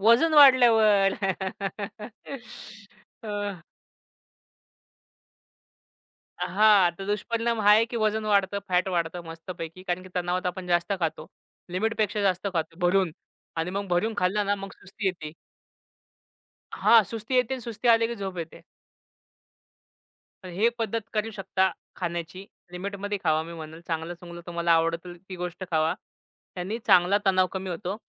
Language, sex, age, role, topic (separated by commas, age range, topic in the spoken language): Marathi, male, 25-29, guest, तुम्हाला तणाव आला की तुम्ही सर्वप्रथम काय करता?